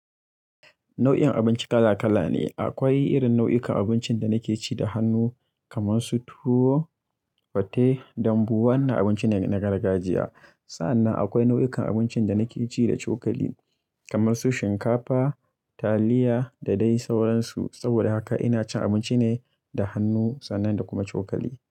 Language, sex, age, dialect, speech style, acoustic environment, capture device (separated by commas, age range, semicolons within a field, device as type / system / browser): Hausa, male, 25-34, Nigeria - Kano, happy; relaxed; excited; anxious, people talking; nature; silence; music, mobile / Linux / Chrome